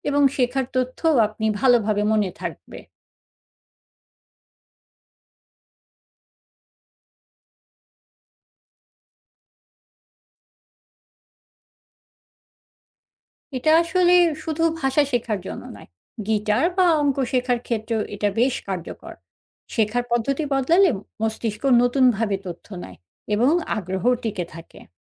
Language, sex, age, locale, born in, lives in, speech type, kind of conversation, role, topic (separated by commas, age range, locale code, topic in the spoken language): Bengali, female, 40-44, bn-BD, Bangladesh, Finland, read, expert-assistant, expert, নতুন কোনো দক্ষতা শিখতে গেলে শরীর ও মনকে কীভাবে প্রস্তুত করা যায়?